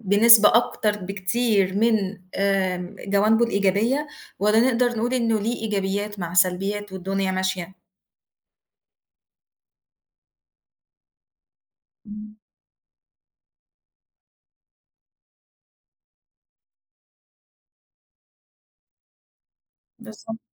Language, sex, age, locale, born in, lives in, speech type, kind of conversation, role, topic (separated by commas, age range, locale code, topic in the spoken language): Arabic, female, 35-39, ar-EG, Egypt, Egypt, conversation, podcast, host, إزاي التكنولوجيا غيّرت طريقتك في سماع الموسيقى؟